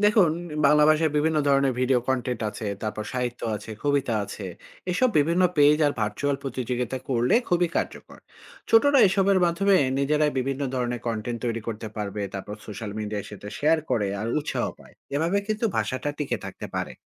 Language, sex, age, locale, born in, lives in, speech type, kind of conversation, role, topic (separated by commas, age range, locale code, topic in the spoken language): Bengali, male, 40-44, bn-BD, Bangladesh, Finland, read, expert-assistant, expert, আমরা যখন বলি 'বিশ্বায়ন', তখন ঠিক কী বোঝানো হয়? এই শব্দটা আমাদের দৈনন্দিন জীবনে কীভাবে ধরা দেয়?